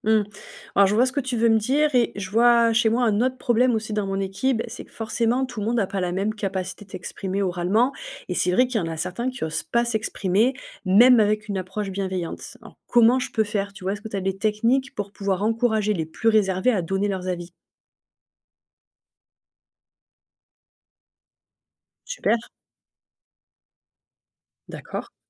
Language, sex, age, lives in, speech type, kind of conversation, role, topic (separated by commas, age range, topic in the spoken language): French, female, 35-39, France, read, expert-assistant, asker, Comment reconnaître si une astuce de management partagée sur Internet est vraiment fiable ?